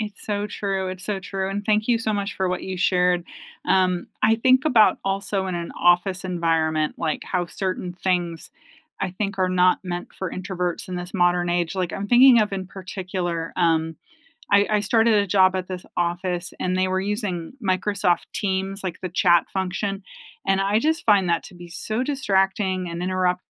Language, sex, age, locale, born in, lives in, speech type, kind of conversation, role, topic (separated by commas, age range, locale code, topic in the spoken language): English, female, 45-49, en-US, United States, United States, conversation, unstructured, none, How do you recharge after a long week—by spending time with others or by being on your own?